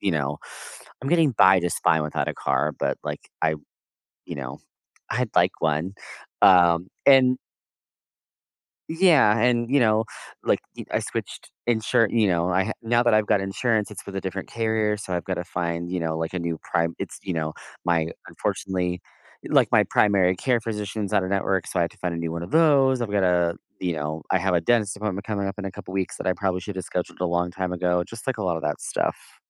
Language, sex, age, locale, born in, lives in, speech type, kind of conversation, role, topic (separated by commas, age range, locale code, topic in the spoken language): English, male, 30-34, en-US, United States, United States, conversation, advice, user, How can I find my life purpose?